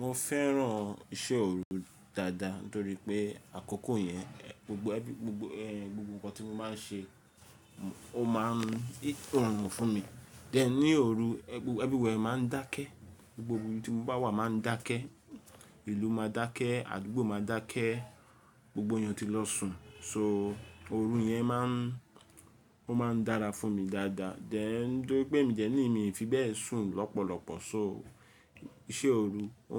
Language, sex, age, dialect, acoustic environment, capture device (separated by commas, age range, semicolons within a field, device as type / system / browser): Yoruba, male, 35-44, Nigeria - Lagos Yoruba, silence, mobile / Linux / Chrome